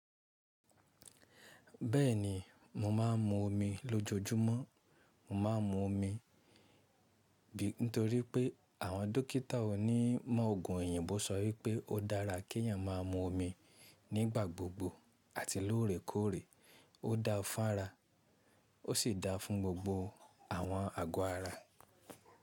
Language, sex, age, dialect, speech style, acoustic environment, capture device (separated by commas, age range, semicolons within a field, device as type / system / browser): Yoruba, male, 25-34, Nigeria - Ibadan, happy; relaxed, nature; silence, mobile / Linux / Chrome